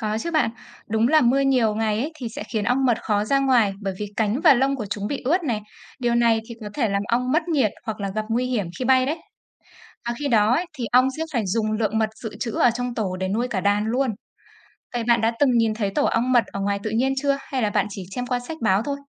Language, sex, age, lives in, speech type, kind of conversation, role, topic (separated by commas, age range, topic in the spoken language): Vietnamese, female, 25-29, Vietnam, read, expert-assistant, expert, Làm thế nào ong mật tạo ra mật ong?